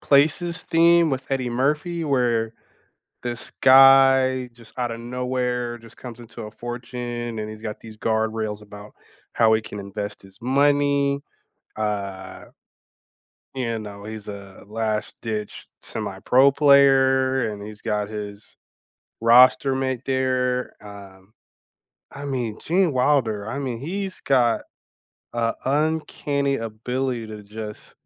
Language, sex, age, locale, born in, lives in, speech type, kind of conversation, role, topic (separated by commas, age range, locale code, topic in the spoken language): English, male, 35-39, en-US, United States, United States, conversation, unstructured, none, Which overlooked comedies are your cozy weekend comfort watches, and what makes them perfect for you?